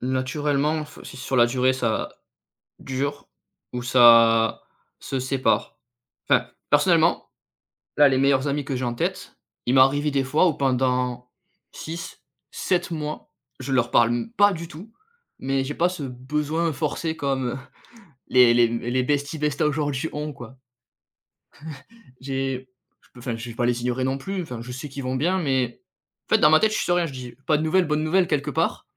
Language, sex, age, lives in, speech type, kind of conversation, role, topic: French, male, 30-34, France, conversation, podcast, guest, Qu'est-ce qui fait, selon toi, un vrai ami ?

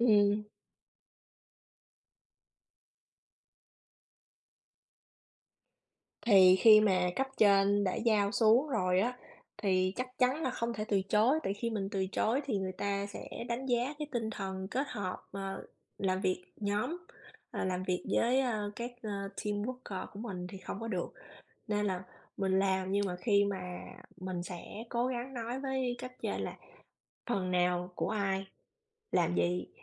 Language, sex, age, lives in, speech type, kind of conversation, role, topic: Vietnamese, female, 35-39, United States, conversation, unstructured, none, Bạn nghĩ sao về việc học nhóm so với học một mình?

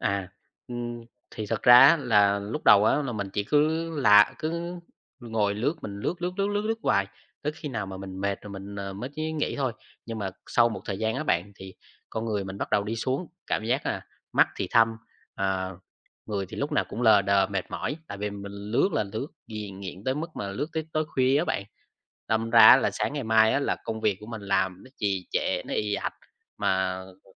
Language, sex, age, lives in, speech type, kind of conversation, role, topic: Vietnamese, male, 20-24, Vietnam, conversation, podcast, guest, Bạn nghĩ gì về việc tạm ngưng dùng mạng xã hội một thời gian?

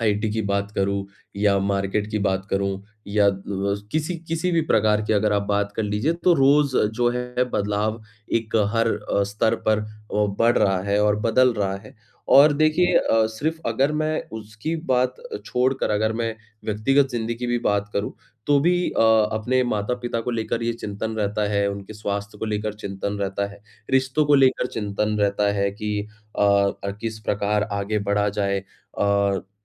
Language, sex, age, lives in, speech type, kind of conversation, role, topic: Hindi, male, 25-29, India, conversation, advice, user, मैं भविष्य की अनिश्चितता से जुड़ी चिंता से कैसे निपटूँ?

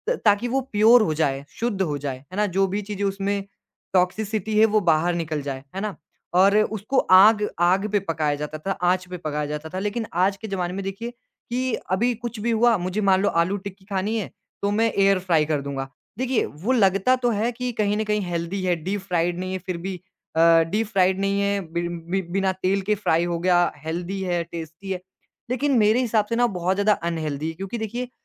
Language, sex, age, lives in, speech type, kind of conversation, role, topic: Hindi, male, 20-24, India, conversation, podcast, guest, रसोई को व्यवस्थित रखने के लिए आप सबसे ज़रूरी और असरदार सुझाव क्या देंगे?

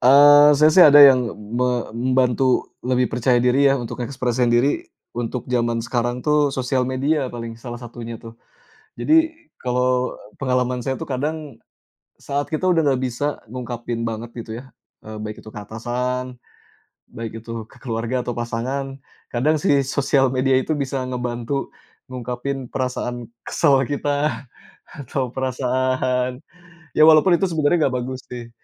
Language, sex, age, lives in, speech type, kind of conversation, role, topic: Indonesian, male, 35-39, Indonesia, conversation, unstructured, none, Bagaimana cara kamu mengekspresikan diri dalam kehidupan sehari-hari?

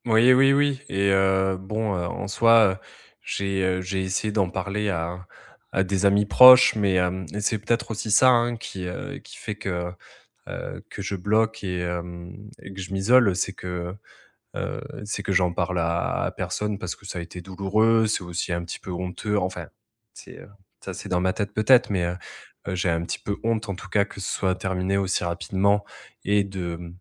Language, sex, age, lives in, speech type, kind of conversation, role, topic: French, male, 30-34, France, conversation, advice, user, Difficulté à couper les contacts avec un ex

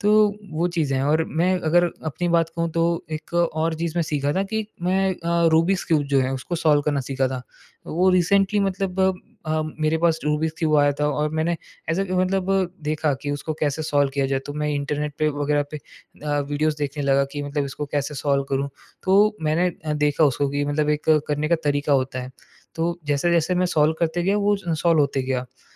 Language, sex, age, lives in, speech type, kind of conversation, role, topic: Hindi, male, 20-24, India, conversation, podcast, guest, Curiosity ko roz ek habit me kaise badalte ho?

